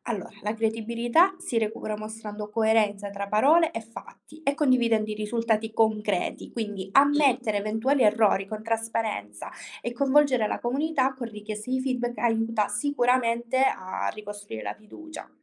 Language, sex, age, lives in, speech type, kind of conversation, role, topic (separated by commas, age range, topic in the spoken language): Italian, female, 20-24, Italy, read, expert-assistant, expert, Come possiamo capire se le informazioni che leggiamo sui progetti di assistenza sociale sono davvero affidabili?